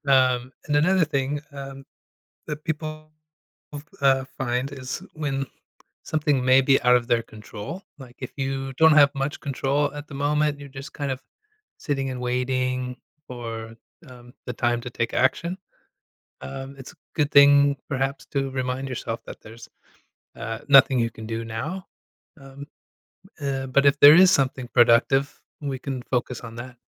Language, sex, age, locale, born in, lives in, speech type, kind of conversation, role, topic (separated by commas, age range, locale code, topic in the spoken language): English, male, 35-39, en-US, United States, United States, conversation, advice, advisor, How can I manage money anxiety?